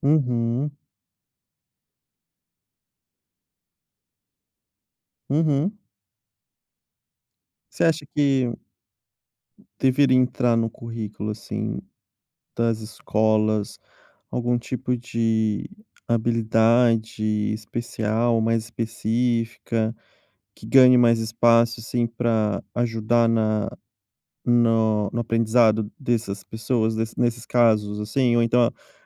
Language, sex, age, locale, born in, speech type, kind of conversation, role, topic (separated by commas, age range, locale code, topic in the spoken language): Portuguese, male, 30-34, pt-BR, Brazil, conversation, podcast, host, Como a escola poderia preparar melhor os alunos para aprenderem sozinhos?